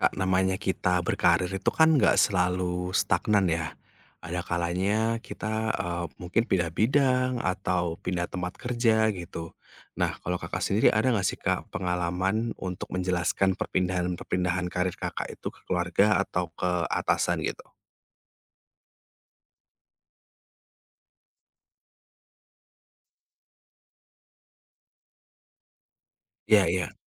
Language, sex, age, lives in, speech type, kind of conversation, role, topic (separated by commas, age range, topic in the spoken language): Indonesian, male, 30-34, Indonesia, conversation, podcast, host, Bagaimana cara menjelaskan perubahan arah karier kepada keluarga atau atasan?